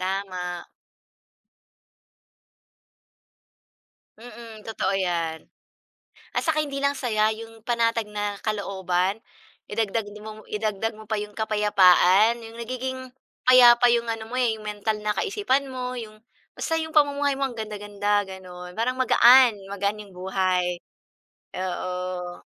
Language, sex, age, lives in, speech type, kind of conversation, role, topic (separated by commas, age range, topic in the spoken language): Filipino, female, 40-44, Philippines, conversation, unstructured, none, Ano ang mga simpleng bagay na nagbibigay ng saya sa iyo?